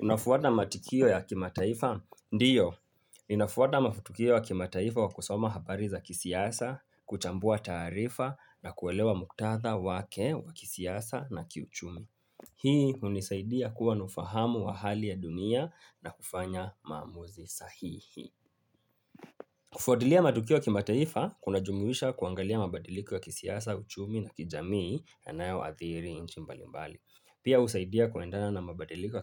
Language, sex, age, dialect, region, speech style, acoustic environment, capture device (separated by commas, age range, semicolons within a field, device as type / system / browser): Swahili, male, 25-34, Kenya - Nairobi Sheng-influenced, Kenya, happy; relaxed; focused, people talking; nature; silence, mobile / Linux / Chrome